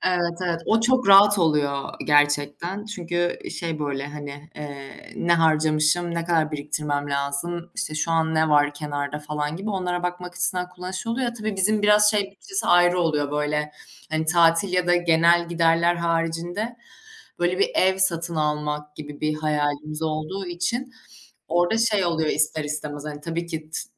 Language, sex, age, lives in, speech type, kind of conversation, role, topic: Turkish, female, 25-29, Germany, conversation, unstructured, none, Para biriktirmek için günlük hayatında yaptığın küçük değişiklikler nelerdir?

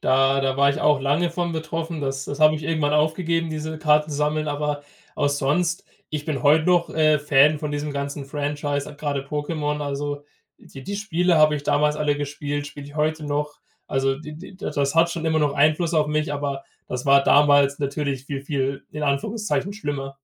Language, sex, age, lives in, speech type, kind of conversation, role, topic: German, male, 20-24, Germany, conversation, podcast, guest, Welche Serien haben dich als Teenager begleitet?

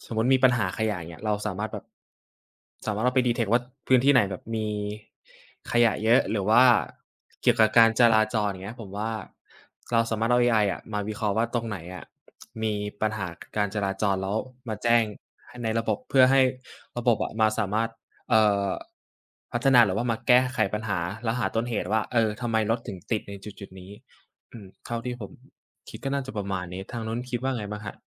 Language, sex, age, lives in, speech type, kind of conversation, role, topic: Thai, male, 20-24, Thailand, conversation, unstructured, none, คุณคิดว่าเทคโนโลยีสมัยใหม่ช่วยลดปัญหาสังคม หรือกลับเพิ่มปัญหามากกว่ากัน?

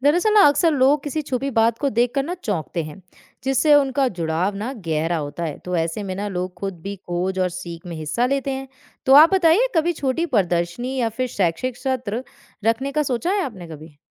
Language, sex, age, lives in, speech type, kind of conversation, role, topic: Hindi, female, 20-24, India, read, expert-assistant, expert, क्या अपने शौकिया संग्रह को बढ़ाने के लिए कोई दिलचस्प तरीका या आदत है, जिससे यह और मजेदार बन जाए?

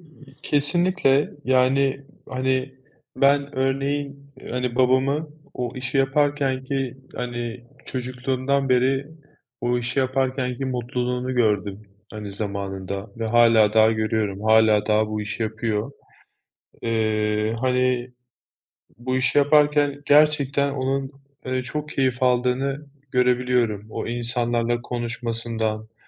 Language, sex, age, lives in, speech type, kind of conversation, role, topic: Turkish, male, 30-34, Spain, conversation, unstructured, none, Kendi işini kurmayı düşünüyor musun?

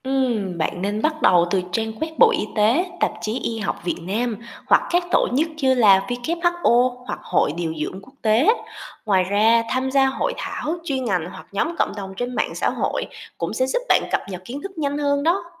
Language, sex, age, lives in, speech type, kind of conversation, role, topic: Vietnamese, female, 25-29, Vietnam, read, expert-assistant, expert, Nếu muốn tìm hiểu về các xu hướng mới trong ngành điều dưỡng, mình nên bắt đầu từ đâu và nguồn thông tin nào là đáng tin cậy?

